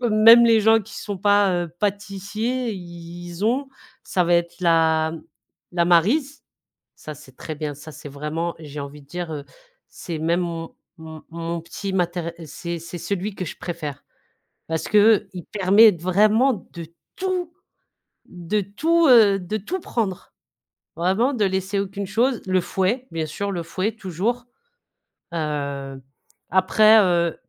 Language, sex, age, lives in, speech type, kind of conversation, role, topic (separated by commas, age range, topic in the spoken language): French, female, 40-44, France, conversation, podcast, guest, Quel est ton conseil pour débuter en pâtisserie ?